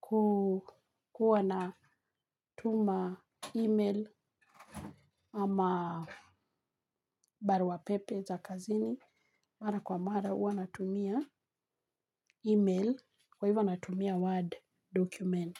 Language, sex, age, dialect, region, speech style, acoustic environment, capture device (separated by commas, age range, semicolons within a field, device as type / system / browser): Swahili, female, 45-54, Kenya - Nairobi Sheng-influenced, Kenya, relaxed, nature, mobile / Linux / Chrome